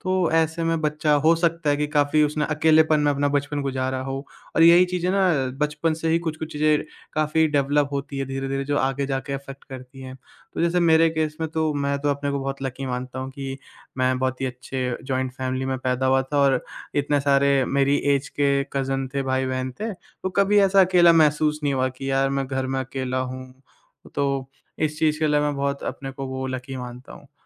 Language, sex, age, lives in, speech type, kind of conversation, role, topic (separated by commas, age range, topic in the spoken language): Hindi, male, 20-24, India, conversation, podcast, guest, Ghar walon ke saath akelepan ke baare mein baat shuru kaise karein?